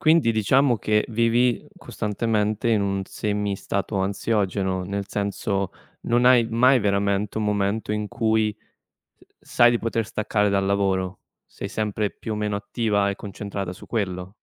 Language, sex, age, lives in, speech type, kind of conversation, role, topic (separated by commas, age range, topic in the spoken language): Italian, male, 25-29, Italy, conversation, advice, advisor, Da quanto tempo hai difficoltà a dormire o ti senti poco riposato anche dopo una notte di sonno?